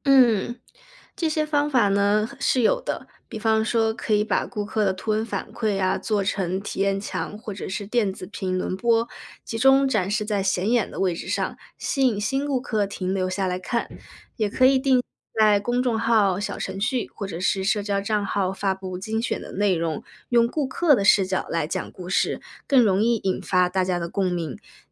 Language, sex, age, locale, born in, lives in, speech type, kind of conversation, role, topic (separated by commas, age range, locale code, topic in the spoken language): Chinese, female, 25-29, zh-CN, China, United States, read, expert-assistant, expert, 如果传统广告效果逐渐减弱，有哪些创新方式可以帮助企业更好地推广产品？